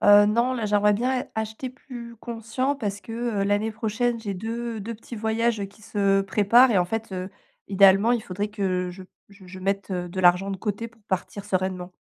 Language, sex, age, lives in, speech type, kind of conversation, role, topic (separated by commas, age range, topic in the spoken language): French, female, 35-39, France, conversation, advice, user, Comment puis-je mieux contrôler mes achats impulsifs et gérer mon budget shopping ?